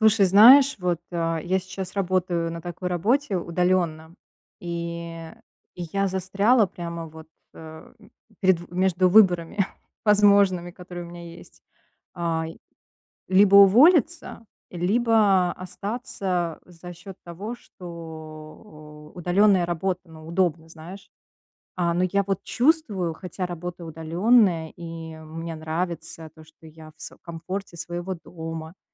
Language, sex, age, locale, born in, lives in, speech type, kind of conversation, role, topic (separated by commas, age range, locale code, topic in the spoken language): Russian, female, 35-39, ru-RU, Russia, United States, conversation, advice, user, Как понять, что у меня профессиональное выгорание и пора менять работу?